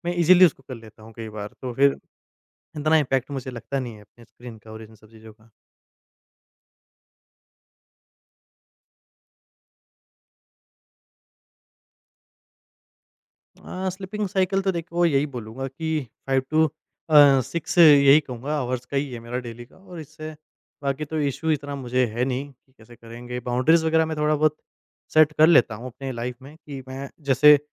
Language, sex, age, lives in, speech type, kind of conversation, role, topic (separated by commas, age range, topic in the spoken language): Hindi, male, 20-24, India, conversation, podcast, guest, Screen time aur sleep kaise balance karte ho?